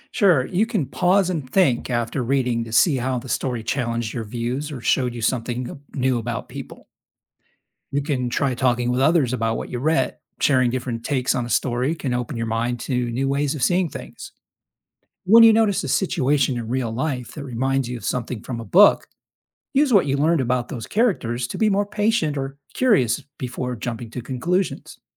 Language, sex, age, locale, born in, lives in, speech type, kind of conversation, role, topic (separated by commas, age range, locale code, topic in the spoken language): English, male, 55-59, en-US, United States, United States, read, expert-assistant, expert, Can reading fiction increase empathy and understanding?